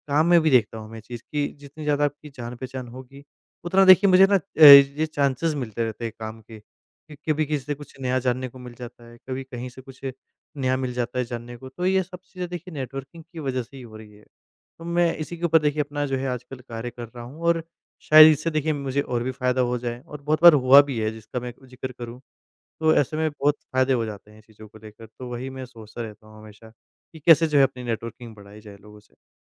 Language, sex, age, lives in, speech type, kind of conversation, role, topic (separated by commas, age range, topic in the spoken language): Hindi, male, 25-29, India, conversation, podcast, guest, कौशल बदलने के लिए आपने क्या नया सीखा?